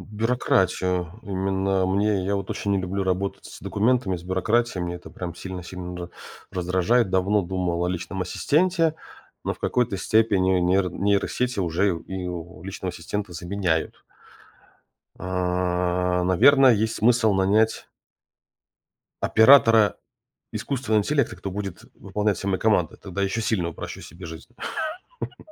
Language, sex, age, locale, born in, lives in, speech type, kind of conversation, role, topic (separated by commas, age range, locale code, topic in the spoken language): Russian, male, 35-39, ru-RU, Russia, United States, conversation, advice, user, В чём у вас дома проявляется размывание границ между работой и отдыхом?